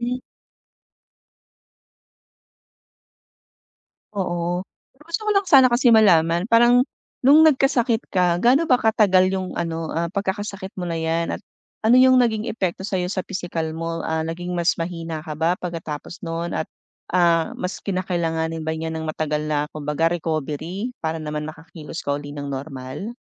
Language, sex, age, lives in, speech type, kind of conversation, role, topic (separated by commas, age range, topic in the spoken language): Filipino, female, 40-44, Philippines, conversation, advice, advisor, Ano ang mga hamon mo sa pagbabalik sa nakasanayang gawain matapos magbakasyon o magkasakit?